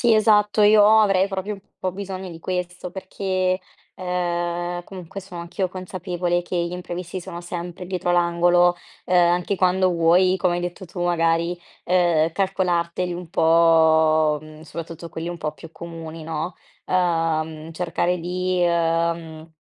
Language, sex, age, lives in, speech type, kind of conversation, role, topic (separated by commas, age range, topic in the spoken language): Italian, female, 20-24, Italy, conversation, advice, user, Come posso pianificare meglio per affrontare imprevisti e ostacoli?